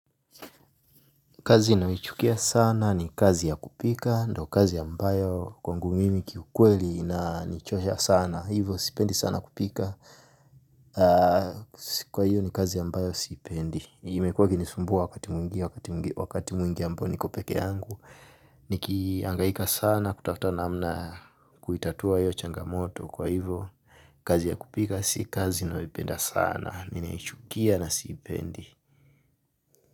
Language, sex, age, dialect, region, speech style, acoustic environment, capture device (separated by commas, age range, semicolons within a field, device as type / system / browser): Swahili, male, 25-34, Tanzania - Dar es Salaam, Tanzania, relaxed, silence, mobile / Linux / Chrome